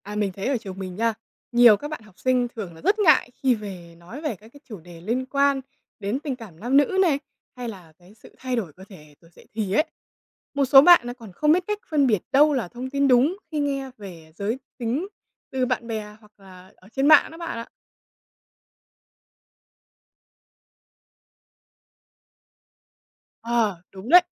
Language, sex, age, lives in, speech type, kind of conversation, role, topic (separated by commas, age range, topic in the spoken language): Vietnamese, female, 20-24, Vietnam, read, expert-assistant, asker, Vai trò của giáo dục giới tính trong trường học là gì?